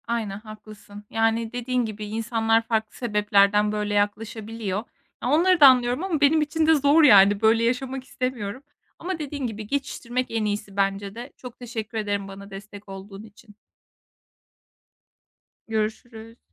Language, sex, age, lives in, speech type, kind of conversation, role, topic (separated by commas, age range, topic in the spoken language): Turkish, female, 25-29, Estonia, conversation, advice, user, Partilerde ve etkinliklerde sosyal olarak nasıl daha rahat hissedebilirim?